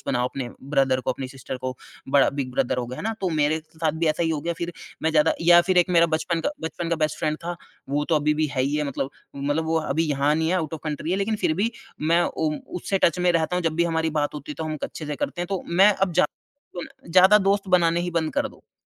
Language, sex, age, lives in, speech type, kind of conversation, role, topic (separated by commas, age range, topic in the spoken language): Hindi, male, 30-34, India, conversation, podcast, guest, किसी समूह में बाहर महसूस होने पर क्या करना चाहिए?